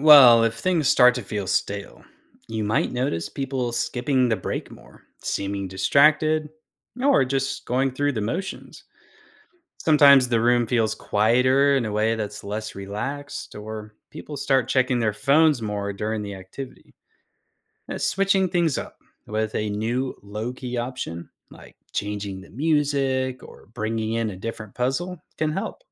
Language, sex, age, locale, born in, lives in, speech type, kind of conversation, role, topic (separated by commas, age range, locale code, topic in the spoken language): English, male, 35-39, en-US, United States, United States, read, expert-assistant, expert, What are creative ways to redesign routines for mental well-being?